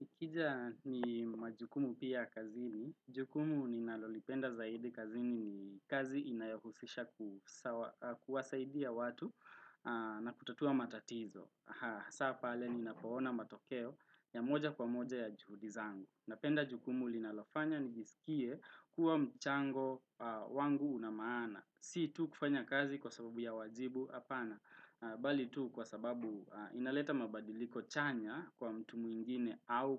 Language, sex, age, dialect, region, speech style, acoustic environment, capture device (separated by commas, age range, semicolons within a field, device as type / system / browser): Swahili, male, 18-24, Kenya - Nairobi Sheng-influenced, Kenya, relaxed; happy, traffic, desktop / Windows / Chrome